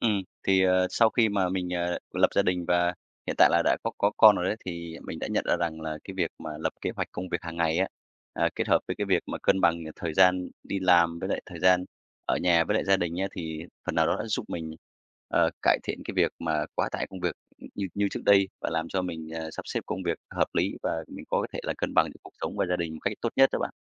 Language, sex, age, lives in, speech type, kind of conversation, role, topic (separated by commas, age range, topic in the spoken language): Vietnamese, male, 35-39, Vietnam, conversation, podcast, guest, Làm sao duy trì cân bằng công việc và cuộc sống gia đình?